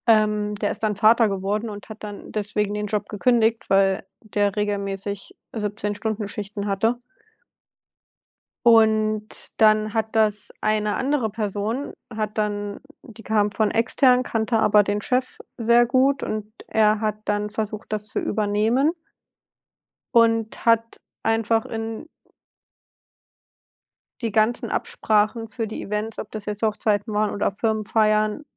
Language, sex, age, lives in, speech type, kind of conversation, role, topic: German, female, 25-29, Germany, conversation, unstructured, none, Was war dein erster Job, und was hast du daraus gelernt?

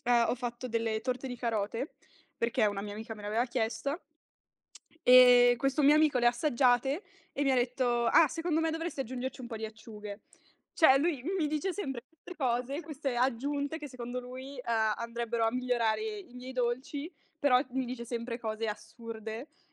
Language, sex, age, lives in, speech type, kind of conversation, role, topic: Italian, female, 20-24, Italy, conversation, podcast, guest, Che cosa ti piace di più del cucinare per gli altri?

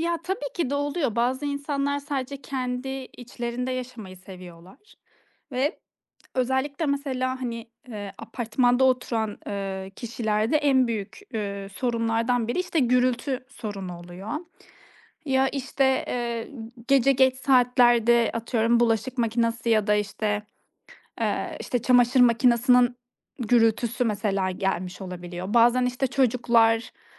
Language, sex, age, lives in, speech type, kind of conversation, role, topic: Turkish, female, 30-34, Estonia, conversation, podcast, guest, Komşuluk bağlarını güçlendirmenin basit yolları nelerdir?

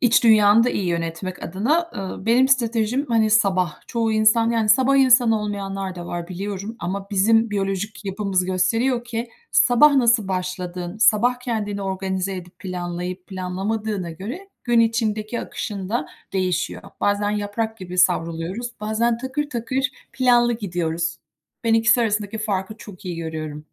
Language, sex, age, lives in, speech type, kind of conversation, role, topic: Turkish, female, 45-49, United States, conversation, unstructured, none, Zaman yönetimi becerilerinizi nasıl geliştirmek istersiniz?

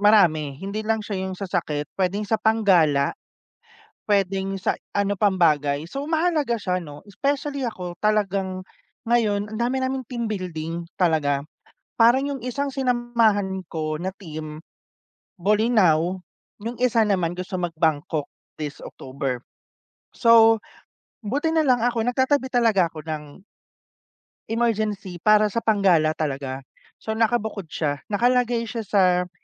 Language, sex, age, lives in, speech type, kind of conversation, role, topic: Filipino, male, 30-34, Philippines, conversation, unstructured, none, Bakit mahalaga sa’yo ang pagkakaroon ng pondong pang-emergency?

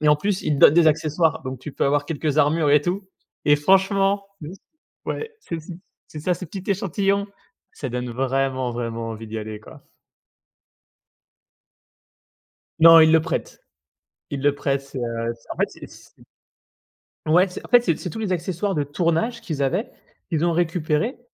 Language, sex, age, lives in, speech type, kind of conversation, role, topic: French, male, 30-34, France, conversation, podcast, host, Quel univers de fiction aimerais-tu visiter pour de vrai ?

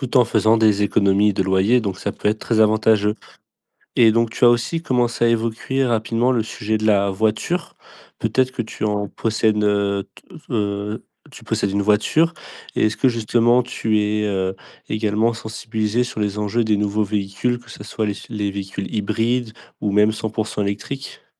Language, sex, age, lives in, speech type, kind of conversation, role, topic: French, male, 20-24, France, conversation, podcast, host, Comment simplifier sa vie pour réduire son impact environnemental ?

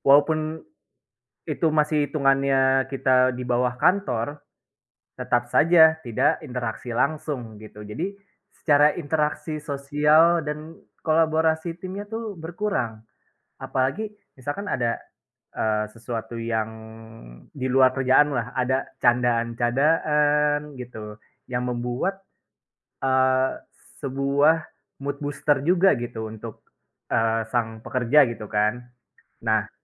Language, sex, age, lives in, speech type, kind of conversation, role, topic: Indonesian, male, 25-29, Indonesia, conversation, unstructured, none, Apa pendapat Anda tentang bekerja dari rumah dibandingkan bekerja di kantor?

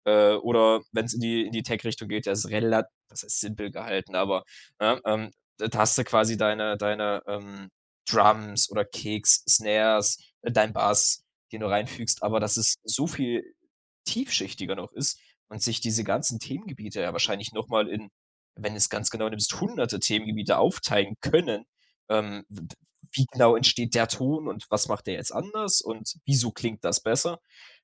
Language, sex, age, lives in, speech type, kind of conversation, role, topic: German, male, 20-24, Germany, conversation, podcast, host, Wie testest du, ob du ein Thema wirklich verstanden hast?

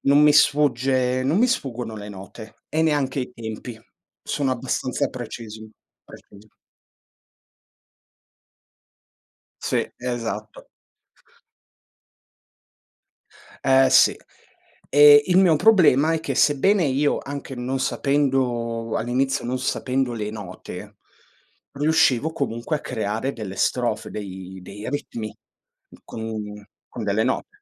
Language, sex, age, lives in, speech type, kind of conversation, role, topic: Italian, male, 25-29, Italy, conversation, unstructured, none, Quale hobby ti ha insegnato qualcosa di importante?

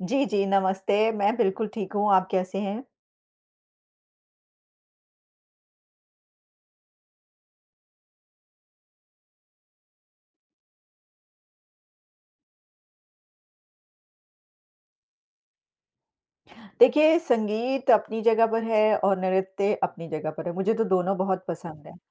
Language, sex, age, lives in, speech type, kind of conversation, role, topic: Hindi, female, 50-54, United States, conversation, unstructured, none, संगीत सुनना और नृत्य करना, इनमें से आपको क्या अधिक आनंद देता है?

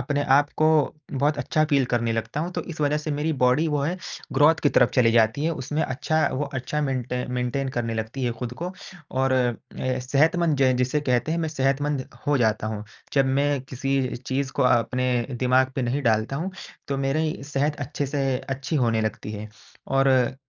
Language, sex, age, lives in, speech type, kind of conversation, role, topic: Hindi, male, 20-24, India, conversation, advice, user, जब कपड़ों का फिट समझना मुश्किल लगे, तो सही आकार कैसे चुनूं?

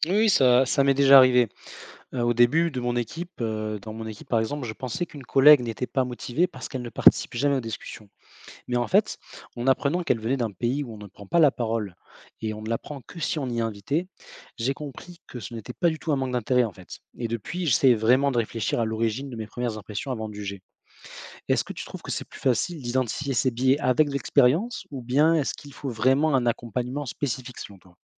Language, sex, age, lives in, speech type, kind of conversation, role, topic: French, male, 25-29, France, read, expert-assistant, asker, Comment gérer les différences culturelles au sein d’une équipe ?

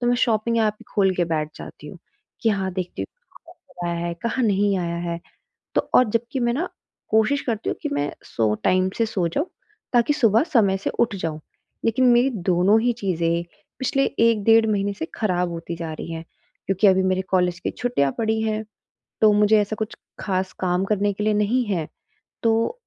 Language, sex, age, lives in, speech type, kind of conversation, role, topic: Hindi, female, 25-29, India, conversation, advice, user, मैं रोज़ एक ही समय पर सोने की आदत कैसे बना सकता/सकती हूँ?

